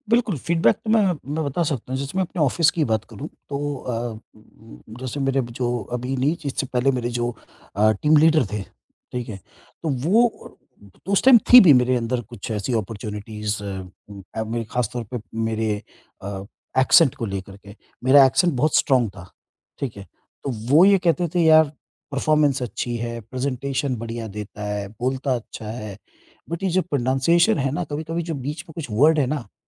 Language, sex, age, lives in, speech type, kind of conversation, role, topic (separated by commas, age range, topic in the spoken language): Hindi, male, 50-54, India, conversation, podcast, guest, Apni voice aur apne andaaz par kaise kaam karte ho?